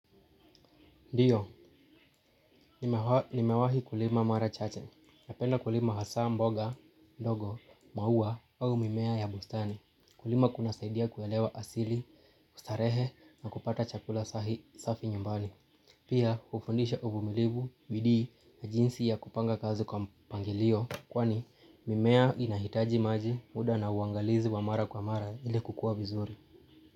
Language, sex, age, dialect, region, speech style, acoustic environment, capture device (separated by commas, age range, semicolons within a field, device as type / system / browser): Swahili, male, 25-34, Kenya - Nairobi Sheng-influenced, Kenya, tired, appliances, mobile / Linux / Chrome